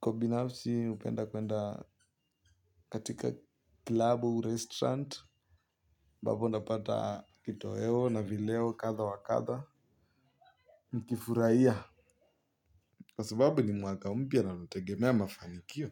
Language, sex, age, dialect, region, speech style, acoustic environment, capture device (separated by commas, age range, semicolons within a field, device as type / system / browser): Swahili, male, 18-24, Kenya - Nairobi Sheng-influenced, Kenya, happy, silence, mobile / Linux / Chrome